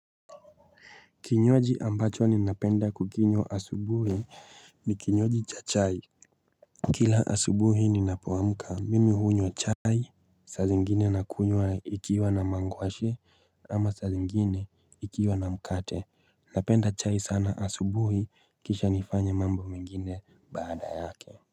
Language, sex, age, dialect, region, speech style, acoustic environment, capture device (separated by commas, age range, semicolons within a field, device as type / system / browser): Swahili, male, 25-34, Kenya - Nairobi Sheng-influenced, Kenya, relaxed; focused, nature, mobile / Linux / Chrome